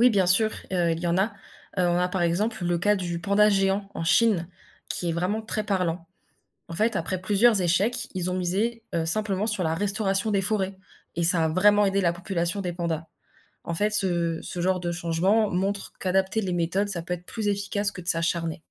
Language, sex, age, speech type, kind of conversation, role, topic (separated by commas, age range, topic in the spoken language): French, female, 20-24, read, expert-assistant, expert, Pourquoi entend-on autant d’avis différents sur l’efficacité des programmes de préservation des animaux sauvages ?